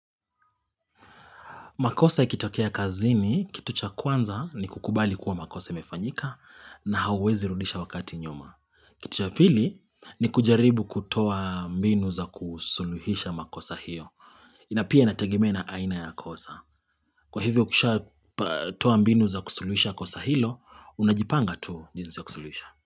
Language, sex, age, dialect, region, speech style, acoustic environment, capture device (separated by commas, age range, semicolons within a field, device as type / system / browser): Swahili, male, 25-34, Kenya - Nairobi Sheng-influenced, Kenya, focused, traffic, mobile / Linux / Chrome